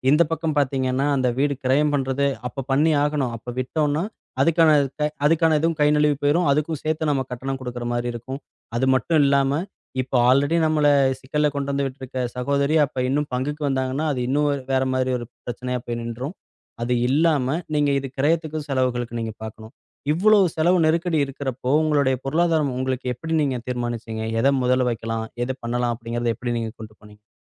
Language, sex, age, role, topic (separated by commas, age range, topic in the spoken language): Tamil, male, 35-39, host, நெருக்கடியான நிதி முடிவுகளை ஒரு ஜோடி எப்படி எடுக்க வேண்டும்?